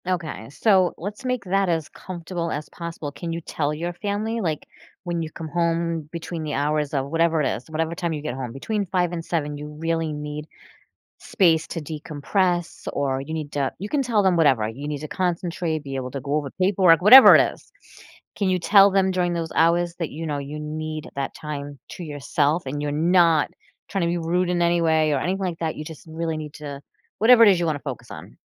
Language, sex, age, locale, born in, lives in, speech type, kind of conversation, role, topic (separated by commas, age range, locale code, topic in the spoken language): English, female, 45-49, en-US, United States, United States, conversation, advice, advisor, How can I manage daily responsibilities without feeling constantly stressed?